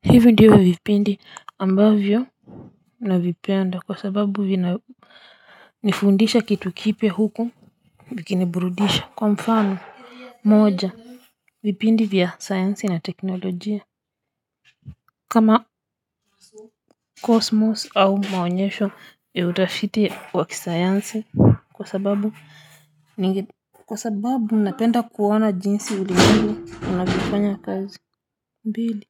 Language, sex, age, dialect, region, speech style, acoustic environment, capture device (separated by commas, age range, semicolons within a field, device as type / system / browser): Swahili, female, 35-44, Kenya - Nairobi Sheng-influenced, Kenya, happy, people talking; silence, mobile / Linux / Chrome